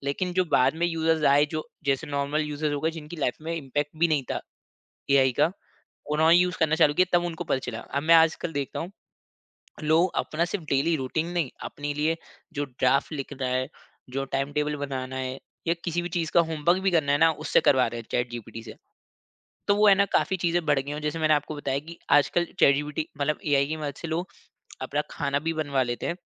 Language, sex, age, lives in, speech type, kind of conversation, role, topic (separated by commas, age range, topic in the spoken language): Hindi, male, 25-29, India, conversation, podcast, guest, Future mein AI sahayak ghar ki rozmarra ki routines ko kaise badlenge, tum kya sochte ho?